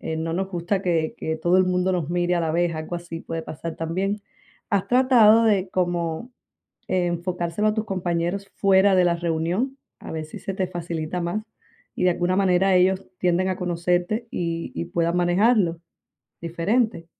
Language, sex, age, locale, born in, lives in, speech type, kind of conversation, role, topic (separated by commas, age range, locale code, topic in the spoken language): Spanish, female, 35-39, es-CU, Cuba, United States, conversation, advice, advisor, ¿Cómo te has sentido inseguro al pedir reconocimiento o crédito por tu trabajo?